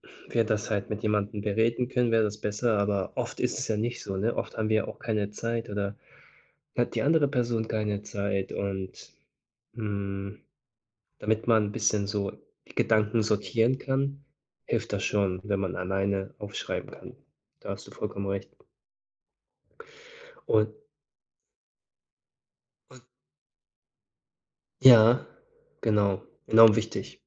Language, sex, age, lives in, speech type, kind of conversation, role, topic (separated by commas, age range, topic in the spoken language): German, male, 30-34, Germany, conversation, unstructured, none, Was inspiriert dich, jeden Tag dein Bestes zu geben?